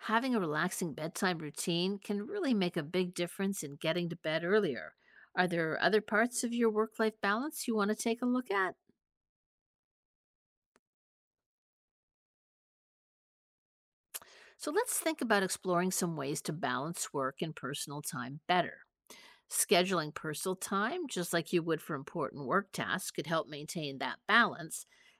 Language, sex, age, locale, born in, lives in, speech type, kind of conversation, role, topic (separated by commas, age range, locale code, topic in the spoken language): English, female, 55-59, en-US, United States, United States, read, expert-assistant, expert, Why is work-life balance important?